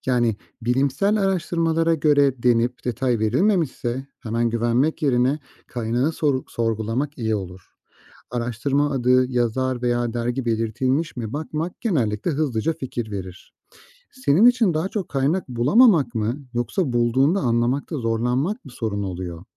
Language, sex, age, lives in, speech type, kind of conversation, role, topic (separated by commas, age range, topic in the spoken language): Turkish, male, 35-39, Austria, read, expert-assistant, expert, Gıdalarla ilgili çıkan haberlerin güvenilir olup olmadığını nasıl anlarız?